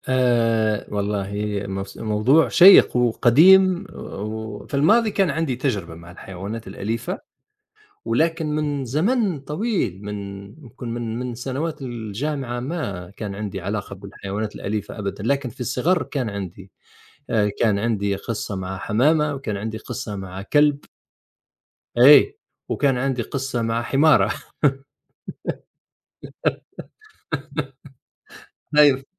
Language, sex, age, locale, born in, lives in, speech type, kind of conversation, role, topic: Arabic, male, 50-54, ar-TN, Tunisia, United States, conversation, unstructured, none, إزاي الحيوانات الأليفة بتأثر على المزاج العام للإنسان؟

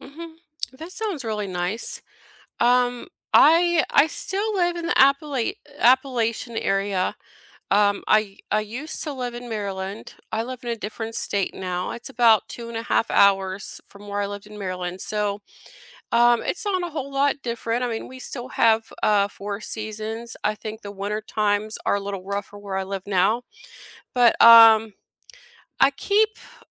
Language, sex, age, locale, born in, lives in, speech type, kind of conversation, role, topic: English, female, 40-44, en-US, United States, United States, conversation, unstructured, none, Which neighborhood place boosts your mood the most, and why?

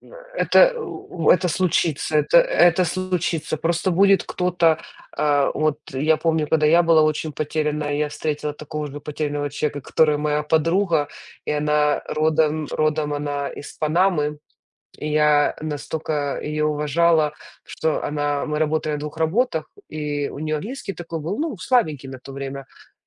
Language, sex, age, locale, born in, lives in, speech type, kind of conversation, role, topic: Russian, female, 35-39, ru-UA, Ukraine, United States, conversation, unstructured, none, Бывали ли моменты, когда ты чувствовал себя потерянным?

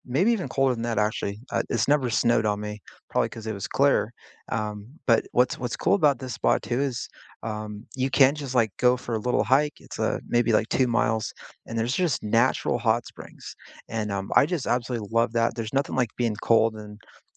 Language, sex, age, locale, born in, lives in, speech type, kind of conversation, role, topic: English, male, 40-44, en-US, United States, United States, conversation, unstructured, none, Where was the last place you felt truly relaxed, and why?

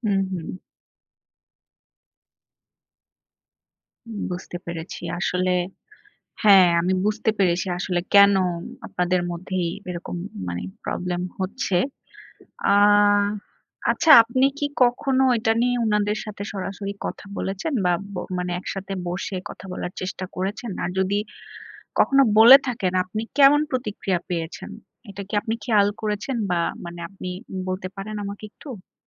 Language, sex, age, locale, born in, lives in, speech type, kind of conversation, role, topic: Bengali, female, 30-34, bn-BD, Bangladesh, Bangladesh, conversation, advice, advisor, গৃহস্থালির কাজ ভাগাভাগি নিয়ে আপনার বাড়িতে কী ধরনের টানাপোড়েন হচ্ছে?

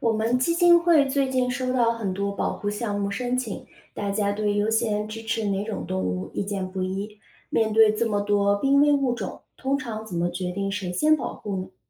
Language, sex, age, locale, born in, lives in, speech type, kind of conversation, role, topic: Chinese, female, 30-34, zh-CN, China, United States, read, expert-assistant, asker, 在野生动物保护中，哪些物种的保护最应该被优先考虑？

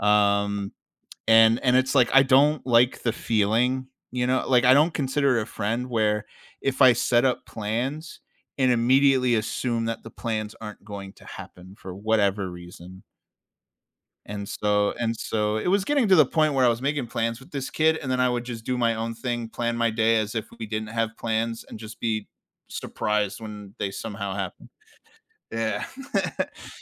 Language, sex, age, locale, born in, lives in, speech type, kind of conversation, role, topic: English, male, 30-34, en-US, United States, United States, conversation, podcast, guest, How do friendships endure and grow stronger over the years?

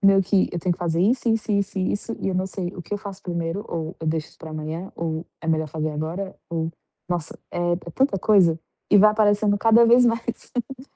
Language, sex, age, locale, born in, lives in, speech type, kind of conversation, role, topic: Portuguese, female, 25-29, pt-BR, Brazil, Portugal, conversation, advice, user, Como posso organizar o meu dia em blocos de tempo?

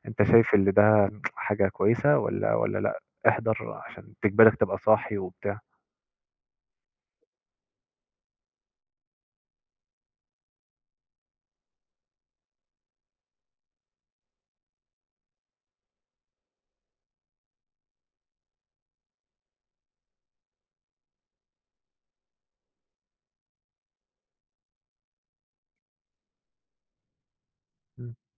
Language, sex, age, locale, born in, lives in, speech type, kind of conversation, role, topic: Arabic, male, 20-24, ar-EG, Egypt, Egypt, conversation, unstructured, none, إزاي التكنولوجيا ممكن تحسّن التعليم؟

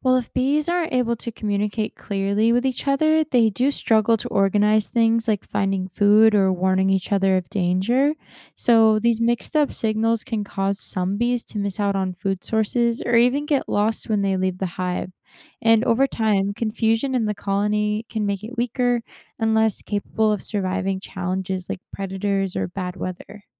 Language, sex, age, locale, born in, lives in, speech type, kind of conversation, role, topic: English, female, 20-24, en-US, United States, United States, read, expert-assistant, expert, How do honeybees communicate about food sources?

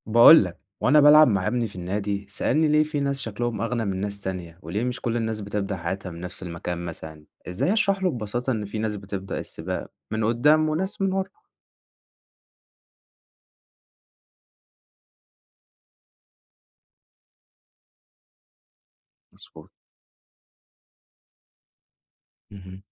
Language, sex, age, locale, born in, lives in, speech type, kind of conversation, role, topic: Arabic, male, 20-24, ar-EG, Egypt, Egypt, read, expert-assistant, asker, لو حبينا نشرح عدم المساواة الاجتماعية في مصر كأنها سباق جري، إيه الأنالوجي أو التشبيه اللي ممكن يوضح الفكرة دي؟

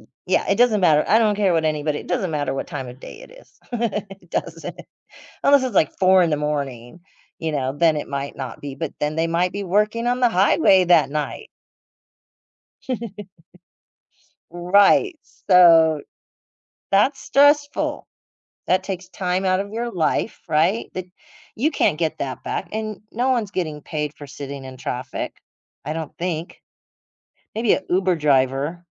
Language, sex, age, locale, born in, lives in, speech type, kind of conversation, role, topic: English, female, 60-64, en-US, United States, United States, conversation, podcast, guest, What routines or habits help you relax and recharge after a long day?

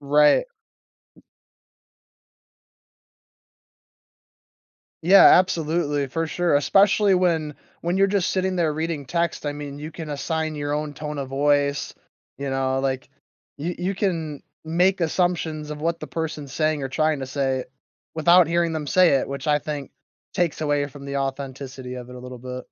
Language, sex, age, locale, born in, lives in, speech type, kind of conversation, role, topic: English, male, 20-24, en-US, United States, United States, conversation, podcast, host, How is social media changing the way we build and maintain community relationships?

